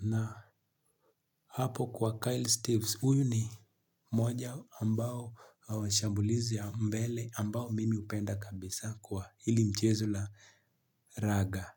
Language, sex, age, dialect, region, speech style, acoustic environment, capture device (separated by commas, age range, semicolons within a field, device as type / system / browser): Swahili, male, 18-24, Kenya - Nairobi Sheng-influenced, Kenya, stressed, silence, mobile / Linux / Chrome